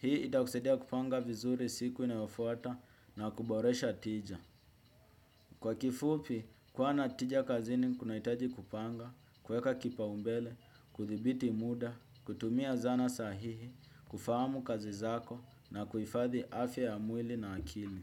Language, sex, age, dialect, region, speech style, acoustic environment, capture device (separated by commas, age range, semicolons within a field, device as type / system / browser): Swahili, male, 18-24, Kenya - Nairobi Sheng-influenced, Kenya, happy, music, desktop / Windows / Chrome